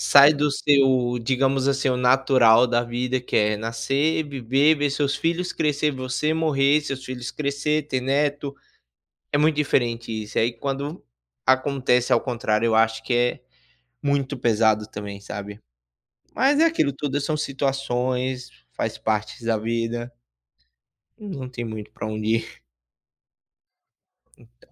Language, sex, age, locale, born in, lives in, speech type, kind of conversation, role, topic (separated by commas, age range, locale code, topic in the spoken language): Portuguese, male, 25-29, pt-BR, Brazil, United States, conversation, unstructured, none, Como você lida com a perda de alguém próximo?